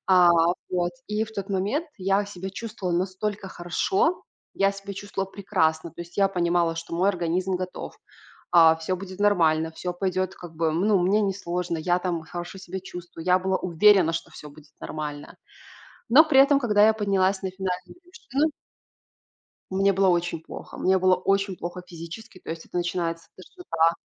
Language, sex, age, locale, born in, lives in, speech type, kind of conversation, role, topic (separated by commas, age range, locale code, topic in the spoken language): Russian, female, 25-29, ru-RU, Belarus, Italy, conversation, podcast, guest, Какой момент помог тебе понять, что это место изменило тебя?